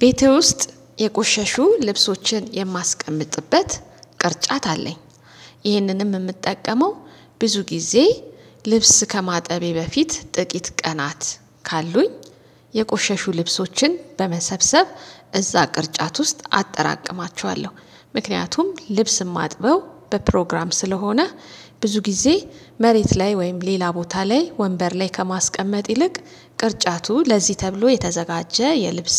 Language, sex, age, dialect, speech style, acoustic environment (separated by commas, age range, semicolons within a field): Amharic, female, 35-44, Ethiopia - Addis Ababa, relaxed, nature; silence